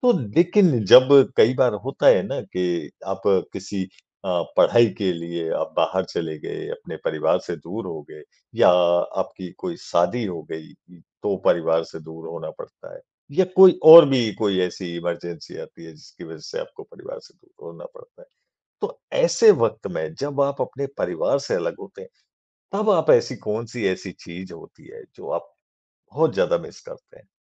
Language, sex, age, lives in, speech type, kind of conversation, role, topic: Hindi, male, 40-44, India, conversation, podcast, host, त्यौहारों में खाने की कौन-सी परंपरा आपको सबसे प्यारी लगती है?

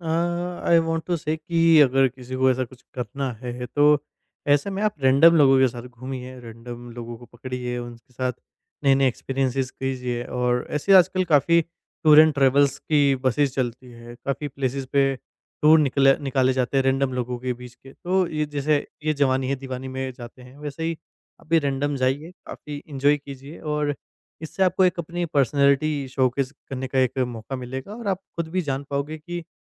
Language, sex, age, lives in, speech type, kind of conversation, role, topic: Hindi, male, 20-24, India, conversation, podcast, guest, Kya aapne kabhi kisi random detour se apni sabse badi khushi paayi hai?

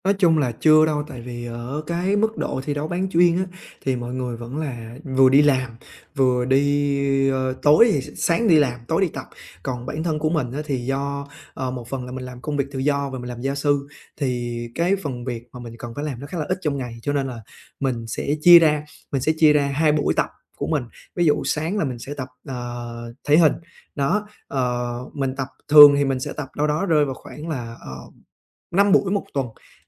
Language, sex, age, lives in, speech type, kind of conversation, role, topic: Vietnamese, male, 20-24, Vietnam, conversation, advice, user, Làm sao để vượt qua tình trạng chững lại khi tập luyện?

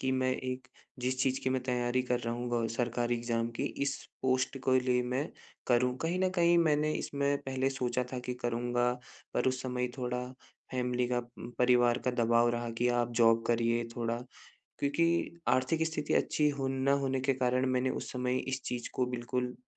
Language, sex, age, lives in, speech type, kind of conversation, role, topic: Hindi, male, 25-29, India, conversation, advice, user, असफलता से हतोत्साहित होने पर क्या करूँ?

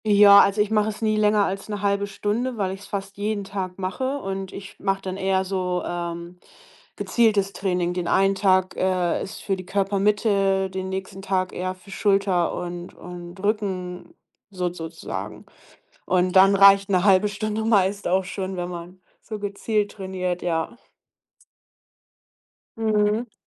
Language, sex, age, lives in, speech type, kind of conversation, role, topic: German, female, 30-34, Germany, conversation, podcast, guest, Was ist dein liebstes Hobby?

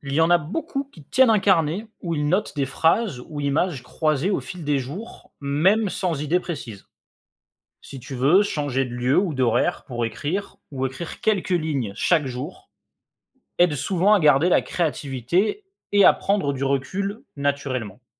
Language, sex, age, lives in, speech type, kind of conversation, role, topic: French, male, 25-29, France, read, expert-assistant, expert, Comment peut-on commencer à écrire de la poésie, même si on n’a jamais essayé ?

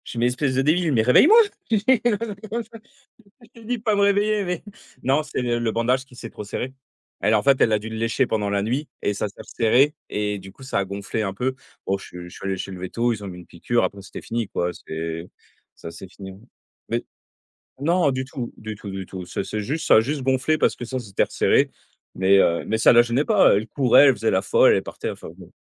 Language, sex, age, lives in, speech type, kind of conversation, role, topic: French, male, 30-34, France, conversation, unstructured, none, Quelle est la chose la plus surprenante que ton animal ait déjà faite ?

